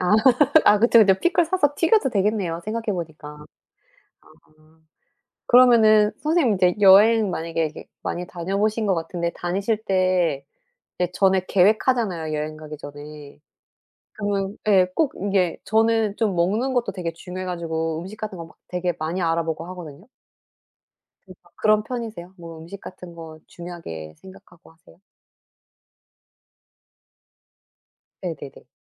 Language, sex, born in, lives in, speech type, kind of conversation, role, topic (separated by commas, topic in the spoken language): Korean, female, South Korea, South Korea, conversation, unstructured, none, 여행지에서 먹어 본 음식 중 가장 특이했던 것은 무엇인가요?